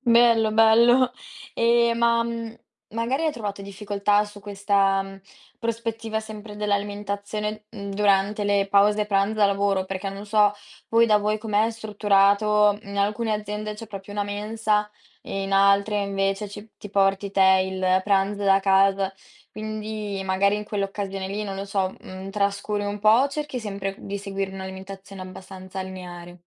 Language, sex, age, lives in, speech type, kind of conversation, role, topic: Italian, female, 18-19, Italy, conversation, podcast, host, Come trovi la motivazione per muoverti ogni giorno?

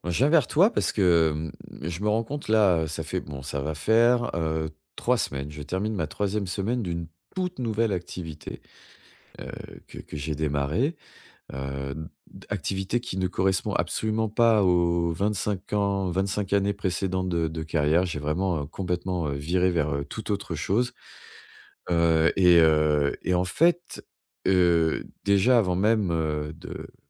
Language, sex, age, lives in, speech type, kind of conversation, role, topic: French, male, 45-49, France, conversation, advice, user, Comment puis-je suivre mes progrès pour savoir si j’avance ?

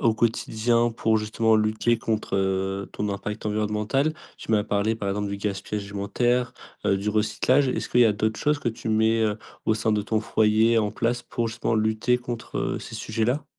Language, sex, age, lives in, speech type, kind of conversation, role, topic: French, male, 20-24, France, conversation, podcast, host, Comment simplifier sa vie pour réduire son impact environnemental ?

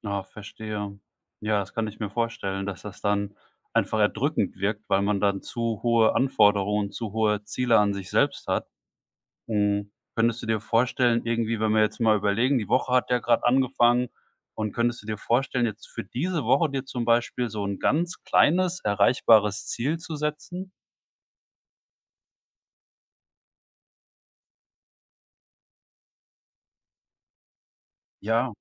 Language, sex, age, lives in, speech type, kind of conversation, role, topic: German, male, 45-49, Germany, conversation, advice, advisor, Wie kann ich eine regelmäßige Kreativ-Routine aufbauen, wenn es mir schwerfällt, immer wieder anzufangen?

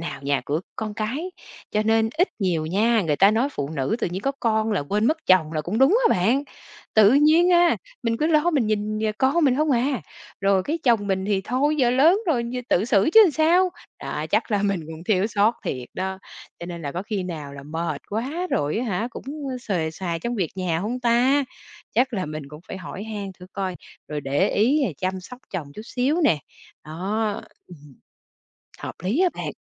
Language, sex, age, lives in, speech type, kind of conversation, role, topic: Vietnamese, female, 40-44, Vietnam, conversation, advice, user, Làm thế nào để bố mẹ thống nhất cách nuôi dạy con khi có bất đồng?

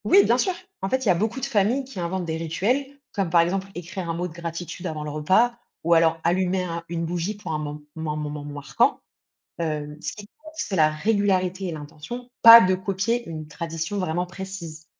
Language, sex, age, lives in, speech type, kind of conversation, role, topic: French, female, 30-34, France, read, expert-assistant, expert, Comment recréer des rituels familiaux à l’ère moderne ?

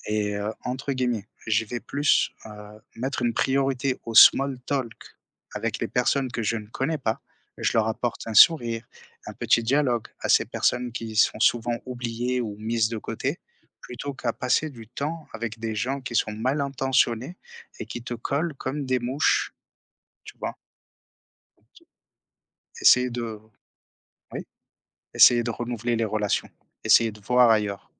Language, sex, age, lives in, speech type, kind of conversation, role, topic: French, male, 30-34, France, conversation, podcast, guest, Raconte-moi un changement qui t’a vraiment fait grandir ?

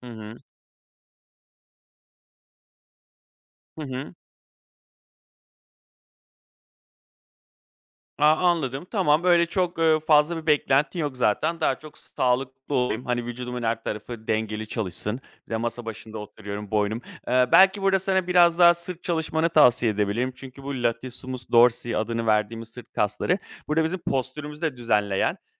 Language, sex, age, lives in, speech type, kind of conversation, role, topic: Turkish, male, 35-39, Greece, conversation, advice, advisor, Uzun bir aradan sonra egzersize yeniden başlamaktan neden korkuyorsun?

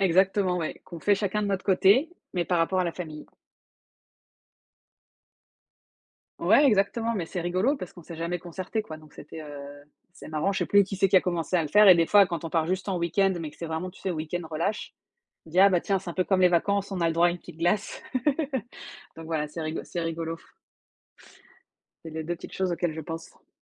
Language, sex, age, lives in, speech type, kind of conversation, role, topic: French, female, 35-39, France, conversation, unstructured, none, Quelle est ta façon préférée de passer du temps en famille ?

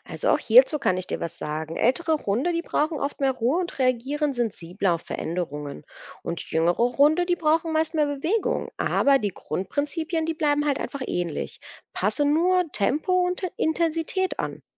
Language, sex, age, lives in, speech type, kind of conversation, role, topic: German, female, 35-39, Germany, read, expert-assistant, expert, Welche einfachen Gewohnheiten helfen dabei, mein Tier gesund und glücklich zu halten?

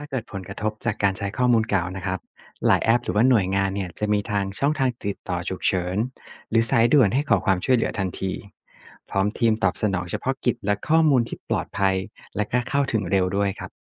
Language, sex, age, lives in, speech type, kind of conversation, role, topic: Thai, male, 35-39, Thailand, read, expert-assistant, expert, ถ้าข่าวเตือนภัยสองแหล่งพูดไม่ตรงกัน เราควรเชื่อใครดี?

